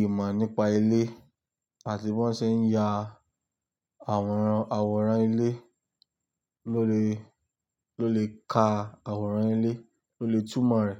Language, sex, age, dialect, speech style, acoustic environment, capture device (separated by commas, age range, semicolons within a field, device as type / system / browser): Yoruba, male, 25-34, Nigeria - Lagos Yoruba, relaxed, nature; silence, desktop / Linux / Chrome